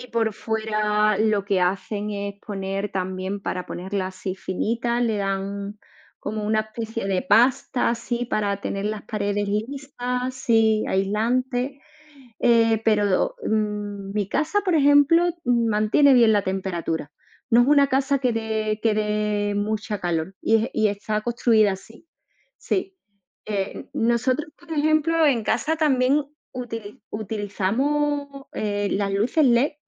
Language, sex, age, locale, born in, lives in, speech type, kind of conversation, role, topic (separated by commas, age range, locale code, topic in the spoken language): Spanish, female, 40-44, es-ES, Spain, Spain, conversation, unstructured, none, ¿Qué harías para ahorrar energía en tu hogar?